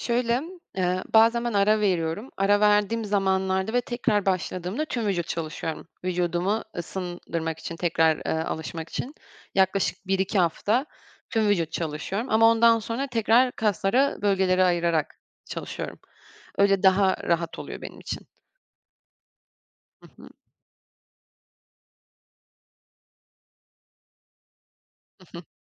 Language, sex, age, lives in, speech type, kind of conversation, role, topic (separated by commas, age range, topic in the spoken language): Turkish, female, 25-29, France, conversation, podcast, guest, Seni en çok mutlu eden hobin nedir?